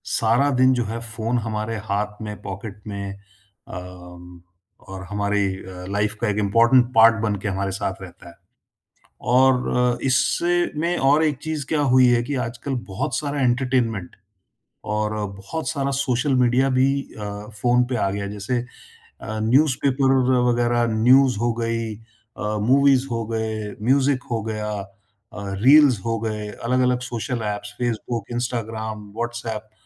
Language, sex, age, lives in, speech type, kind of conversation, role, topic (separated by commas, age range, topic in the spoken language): Hindi, male, 50-54, India, conversation, podcast, host, Phone aur family time kaise balance karte ho, yaar?